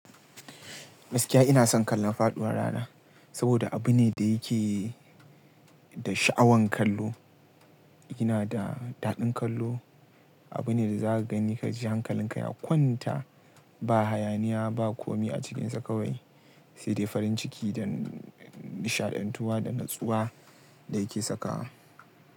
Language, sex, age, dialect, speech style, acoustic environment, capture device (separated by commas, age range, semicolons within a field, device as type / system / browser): Hausa, male, 18-24, Nigeria - Kano, relaxed, nature, mobile / Linux / Chrome